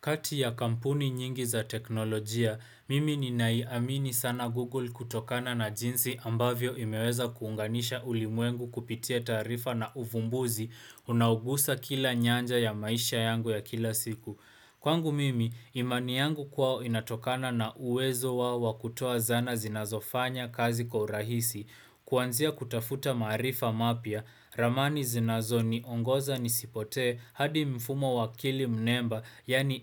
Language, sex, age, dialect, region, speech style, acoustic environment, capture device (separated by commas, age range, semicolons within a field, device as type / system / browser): Swahili, male, 18-24, Kenya - Nairobi Sheng-influenced, Kenya, relaxed, appliances, desktop / Windows / Chrome